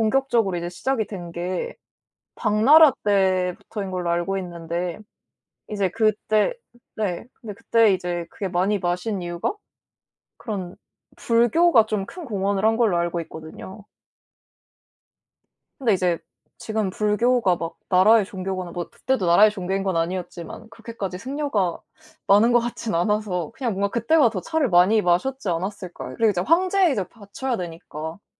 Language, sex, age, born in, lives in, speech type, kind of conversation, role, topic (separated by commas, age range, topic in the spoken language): Korean, female, 20-24, South Korea, South Korea, conversation, unstructured, none, 만약 과거로 돌아가 하루를 보낼 수 있다면, 어느 시대로 가고 싶으신가요?